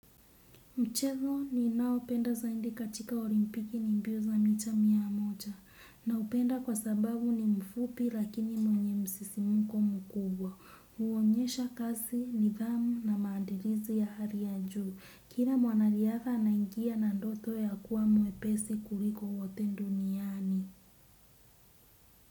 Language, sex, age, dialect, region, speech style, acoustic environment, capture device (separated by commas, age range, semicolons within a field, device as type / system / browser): Swahili, female, 25-34, Kenya - Mombasa, Kenya, relaxed, nature; silence, desktop / Windows / Chrome